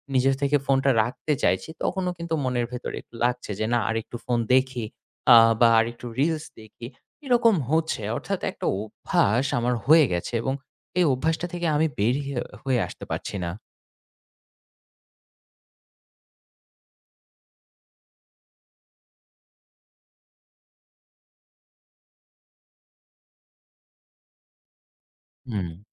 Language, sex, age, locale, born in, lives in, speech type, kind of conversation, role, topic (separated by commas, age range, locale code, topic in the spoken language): Bengali, male, 30-34, bn-BD, Bangladesh, Finland, conversation, advice, user, রাতে ফোন বা স্ক্রিন ব্যবহার কীভাবে আপনার ঘুম এবং পরের দিনের রুটিন বিঘ্নিত করে?